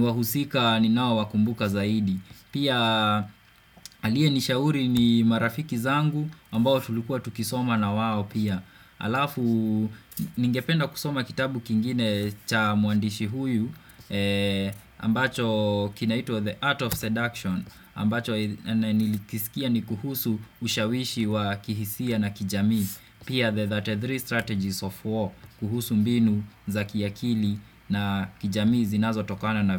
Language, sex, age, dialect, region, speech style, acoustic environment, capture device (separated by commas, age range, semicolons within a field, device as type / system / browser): Swahili, male, 25-34, Kenya - Kiambu, Kenya, relaxed, nature, desktop / Windows / Chrome